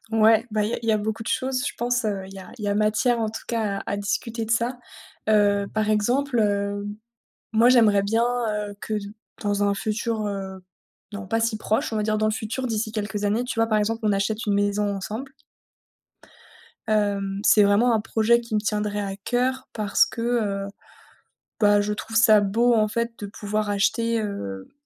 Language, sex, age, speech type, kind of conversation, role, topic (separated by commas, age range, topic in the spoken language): French, female, 30-34, conversation, advice, user, Comment rester indépendant(e) tout en construisant des projets communs avec mon partenaire ?